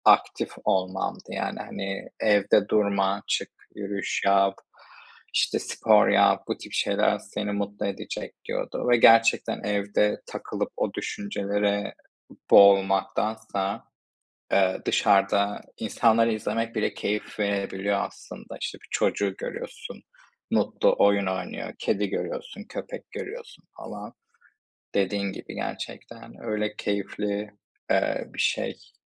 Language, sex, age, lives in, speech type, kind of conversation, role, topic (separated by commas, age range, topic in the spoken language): Turkish, male, 25-29, Spain, conversation, unstructured, none, Hangi anlarda kendini en mutlu hissedersin?